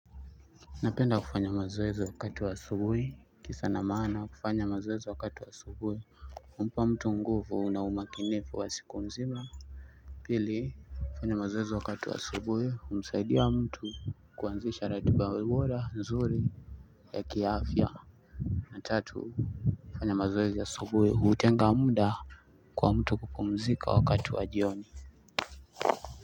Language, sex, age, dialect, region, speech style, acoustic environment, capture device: Swahili, male, 25-34, Kenya - Mombasa, Kenya, anxious, people talking; appliances; music; nature, desktop / Linux / Chrome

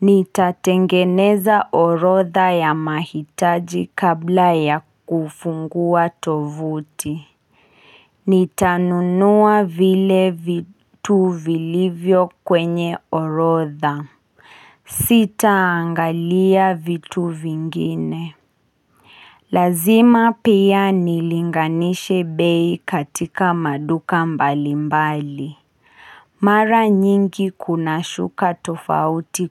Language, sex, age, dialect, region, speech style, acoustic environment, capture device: Swahili, female, 25-34, Kenya - Kiambu, Kenya, anxious, silence, mobile / Linux / Chrome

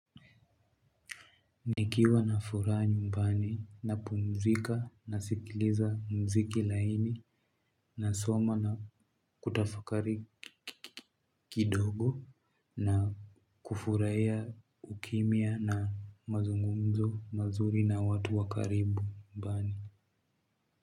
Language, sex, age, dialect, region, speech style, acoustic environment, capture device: Swahili, male, 18-24, Kenya - Nairobi Sheng-influenced, Kenya, happy, music, mobile / Linux / Chrome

